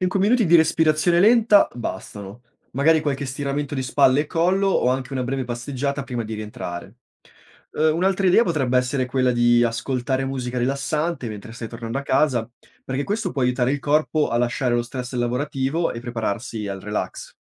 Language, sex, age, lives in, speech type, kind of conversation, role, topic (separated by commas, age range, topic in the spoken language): Italian, male, 25-29, Italy, read, expert-assistant, expert, In che modo conoscere la fisiologia umana può aiutarmi a gestire meglio lo stress e a trovare equilibrio tra lavoro e vita privata?